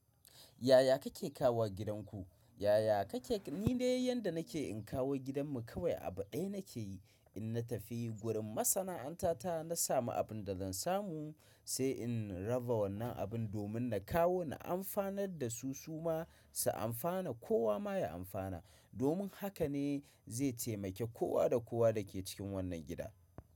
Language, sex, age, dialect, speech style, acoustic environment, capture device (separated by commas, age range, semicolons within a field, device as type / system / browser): Hausa, male, 25-34, Nigeria - Kano, happy, silence, mobile / macOS / Safari